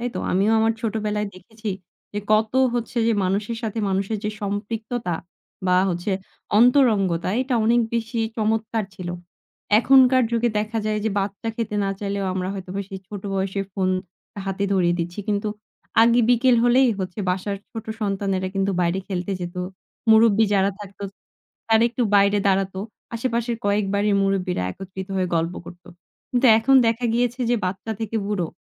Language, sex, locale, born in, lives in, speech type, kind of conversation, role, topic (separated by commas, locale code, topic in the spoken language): Bengali, female, bn-BD, Bangladesh, Bangladesh, conversation, podcast, guest, তুমি ফোনে দিন শুরু করো কীভাবে, একটু বলো তো?